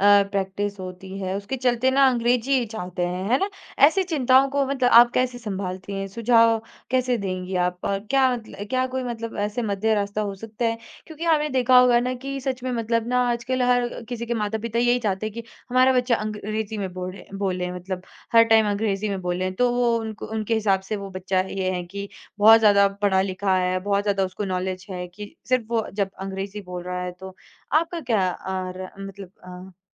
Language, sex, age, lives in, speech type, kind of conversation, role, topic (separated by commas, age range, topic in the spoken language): Hindi, female, 20-24, India, conversation, podcast, host, शिक्षा की माध्यम भाषा मातृभाषा होनी चाहिए या अंग्रेज़ी—आपका क्या रुख है?